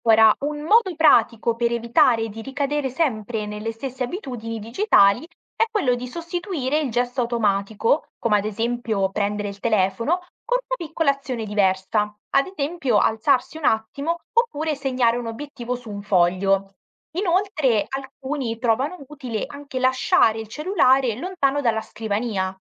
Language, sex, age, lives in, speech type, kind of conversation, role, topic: Italian, female, 18-19, Italy, read, expert-assistant, expert, L'uso del digitale cambia il nostro modo di imparare?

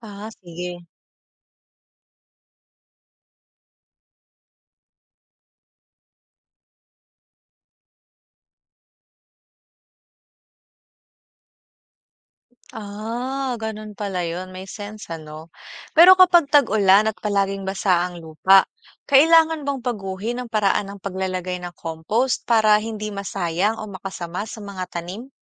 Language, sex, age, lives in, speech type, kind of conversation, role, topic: Filipino, female, 25-29, Philippines, read, expert-assistant, asker, Paano maging epektibo at matipid sa pagsasaka gamit ang limitadong oras at yaman?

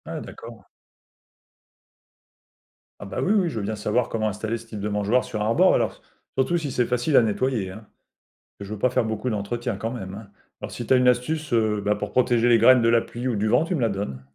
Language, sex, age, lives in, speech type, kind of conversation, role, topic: French, male, 45-49, France, read, expert-assistant, asker, Quelles idées pourrait-on tester pour rendre la vie des oiseaux plus facile en ville ?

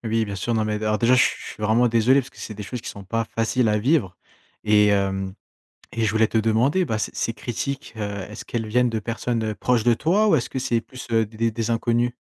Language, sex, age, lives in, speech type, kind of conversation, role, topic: French, male, 20-24, France, conversation, advice, advisor, Comment garder confiance en soi face aux critiques des autres ?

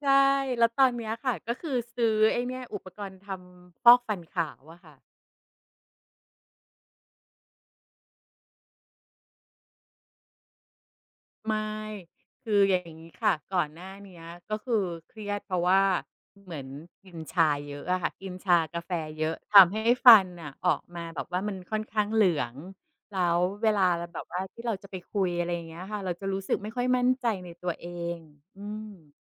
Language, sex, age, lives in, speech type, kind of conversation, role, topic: Thai, female, 45-49, Thailand, conversation, podcast, guest, ก่อนนอนคุณมีพิธีกรรมอะไรบ้างที่ช่วยให้ผ่อนคลาย?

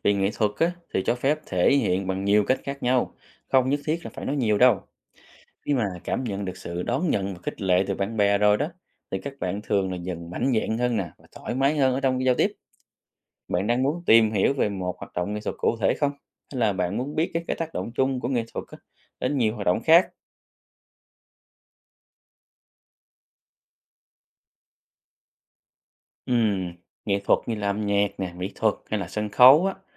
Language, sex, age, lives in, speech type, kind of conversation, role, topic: Vietnamese, male, 30-34, Vietnam, read, expert-assistant, expert, Vai trò của các hoạt động nghệ thuật trong trường học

